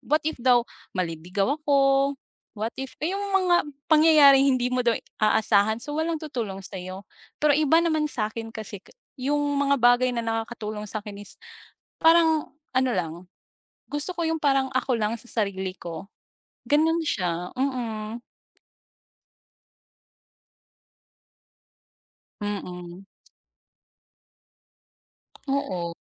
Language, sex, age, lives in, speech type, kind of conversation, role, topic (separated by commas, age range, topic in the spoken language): Filipino, female, 25-29, Philippines, conversation, unstructured, none, Paano mo karaniwang nilalampasan ang stress sa araw-araw?